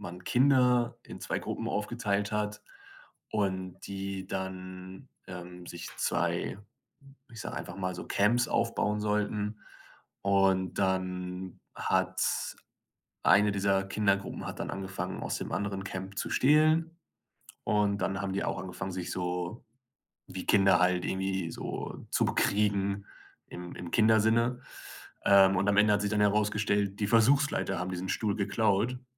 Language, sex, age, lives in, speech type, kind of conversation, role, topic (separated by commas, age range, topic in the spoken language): German, male, 25-29, Germany, conversation, podcast, guest, Wie würdest du dein Lieblingsbuch beschreiben, und warum ist es dein Lieblingsbuch?